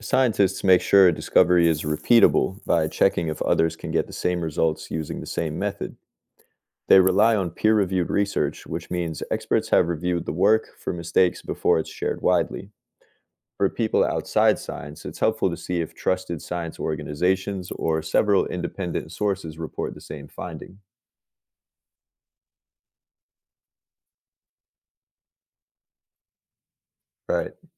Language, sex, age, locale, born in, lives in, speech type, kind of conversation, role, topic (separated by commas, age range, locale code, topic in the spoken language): English, male, 30-34, en-US, United States, United States, read, expert-assistant, expert, How do scientific discoveries influence our understanding of the universe?